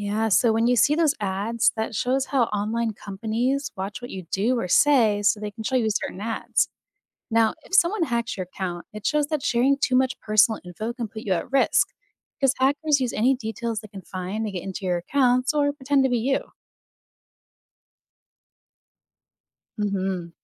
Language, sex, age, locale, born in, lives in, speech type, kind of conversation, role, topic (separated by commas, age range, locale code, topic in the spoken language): English, female, 30-34, en-US, United States, United States, read, expert-assistant, expert, Why is online privacy important?